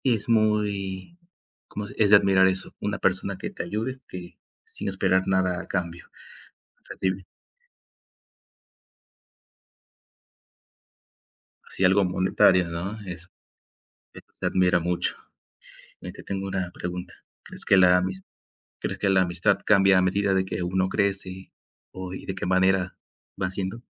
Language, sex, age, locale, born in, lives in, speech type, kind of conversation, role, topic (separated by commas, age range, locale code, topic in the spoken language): Spanish, male, 20-24, es-MX, Mexico, Mexico, conversation, unstructured, none, ¿De qué manera la amistad puede ayudarte a crecer como persona?